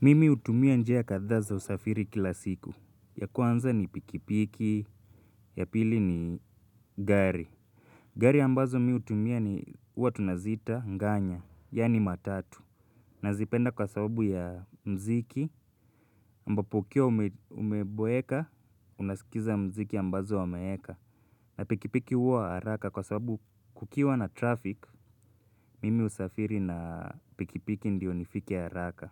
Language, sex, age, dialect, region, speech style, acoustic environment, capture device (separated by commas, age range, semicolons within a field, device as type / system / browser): Swahili, male, 25-34, Kenya - Nairobi Sheng-influenced, Kenya, relaxed, nature, mobile / Linux / Chrome